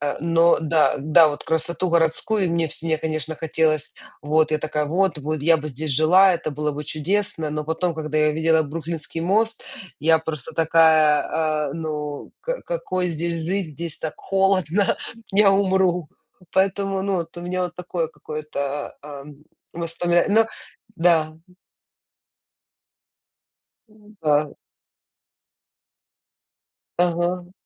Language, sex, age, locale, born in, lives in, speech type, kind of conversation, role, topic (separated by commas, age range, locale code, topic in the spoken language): Russian, female, 35-39, ru-UA, Ukraine, United States, conversation, unstructured, none, Какое место в мире удивило тебя больше всего?